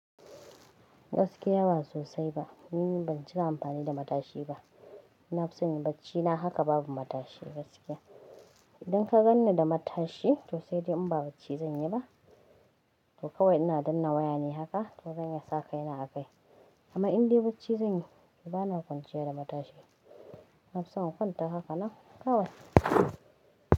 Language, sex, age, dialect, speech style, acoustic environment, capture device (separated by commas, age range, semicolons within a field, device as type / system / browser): Hausa, female, 25-34, Nigeria - Kano, relaxed; tired, nature, mobile / Linux / Chrome